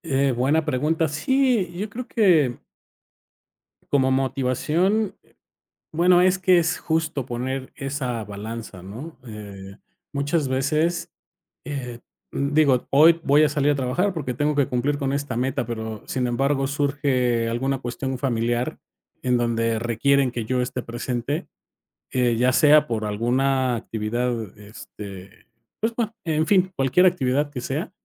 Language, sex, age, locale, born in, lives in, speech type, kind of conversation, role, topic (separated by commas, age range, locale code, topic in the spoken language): Spanish, male, 45-49, es-MX, Mexico, Mexico, conversation, podcast, guest, ¿Cómo eliges entre tener más tiempo libre o ganar más dinero?